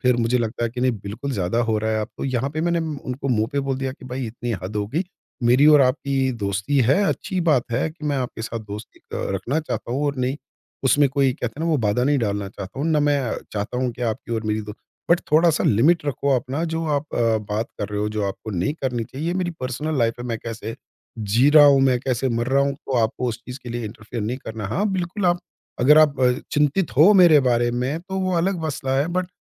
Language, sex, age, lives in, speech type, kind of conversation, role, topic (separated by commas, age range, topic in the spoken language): Hindi, male, 50-54, India, conversation, podcast, guest, Aap apna personal space kaise maintain karte hain?